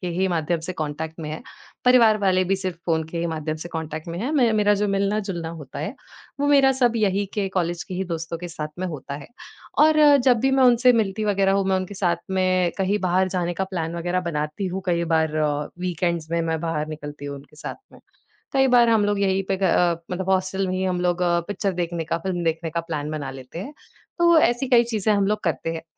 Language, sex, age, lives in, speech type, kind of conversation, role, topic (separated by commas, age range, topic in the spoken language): Hindi, female, 20-24, India, conversation, advice, user, एक ही दिनचर्या से होने वाली बोरियत और प्रेरणा की कमी